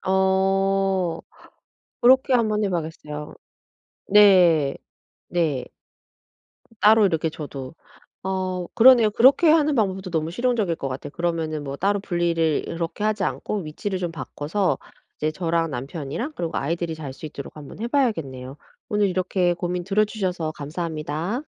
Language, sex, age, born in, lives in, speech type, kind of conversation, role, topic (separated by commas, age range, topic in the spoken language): Korean, female, 40-44, South Korea, United States, conversation, advice, user, 집에서 방해받지 않고 편안하게 쉬면서 영화나 음악을 즐기려면 어떻게 해야 하나요?